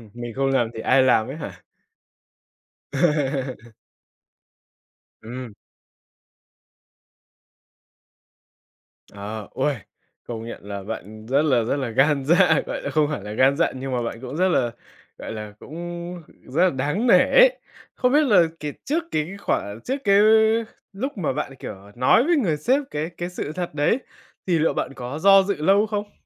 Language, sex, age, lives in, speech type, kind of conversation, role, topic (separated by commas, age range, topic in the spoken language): Vietnamese, male, 20-24, Vietnam, conversation, podcast, host, Bạn từng có lần nào dám nói thẳng sự thật với sếp hoặc thầy cô chưa?